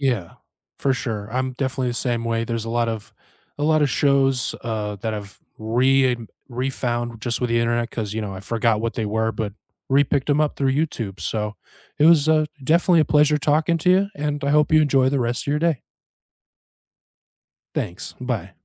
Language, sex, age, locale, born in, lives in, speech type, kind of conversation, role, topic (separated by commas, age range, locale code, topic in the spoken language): English, male, 35-39, en-US, United States, United States, conversation, unstructured, none, What comfort shows do you return to, and which favorite moments always lift your spirits?